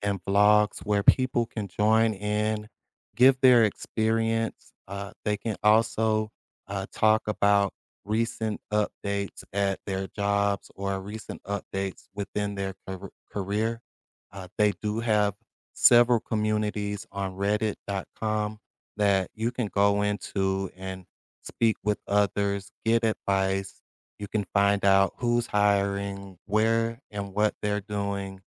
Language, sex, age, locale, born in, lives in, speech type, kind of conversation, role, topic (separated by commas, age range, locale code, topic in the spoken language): English, male, 40-44, en-US, United States, United States, conversation, advice, advisor, How can I stay productive and keep clear boundaries when working from home?